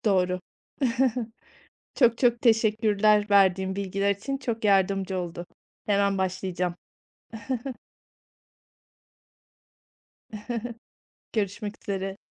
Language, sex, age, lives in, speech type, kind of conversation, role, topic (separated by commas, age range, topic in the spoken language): Turkish, female, 40-44, Spain, conversation, advice, user, Rutinden sıkıldığımda nasıl yenilikçi fikirler bulabilirim?